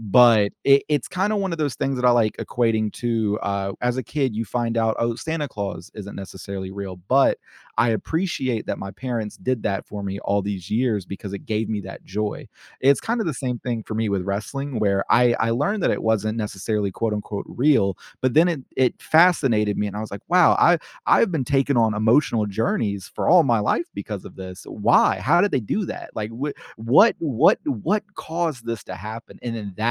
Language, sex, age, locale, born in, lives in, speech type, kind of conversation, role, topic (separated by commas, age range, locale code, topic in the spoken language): English, male, 25-29, en-US, United States, United States, conversation, podcast, guest, What is your favorite way to express creativity?